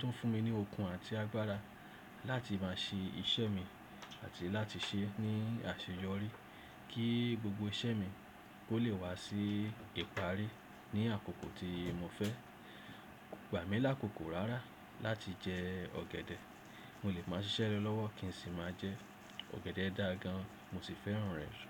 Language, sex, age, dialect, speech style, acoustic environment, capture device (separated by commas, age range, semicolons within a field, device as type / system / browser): Yoruba, male, 35-44, Nigeria - Lagos Yoruba, relaxed; happy, silence, desktop / Windows / Chrome